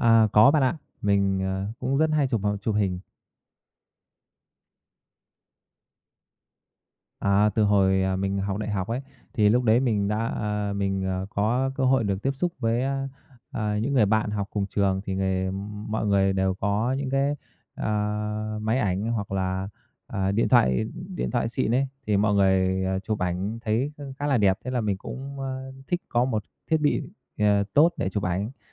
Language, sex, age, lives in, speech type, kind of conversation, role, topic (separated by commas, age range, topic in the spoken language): Vietnamese, male, 30-34, Vietnam, conversation, podcast, guest, Bạn thích chụp ảnh thì thường chụp những gì?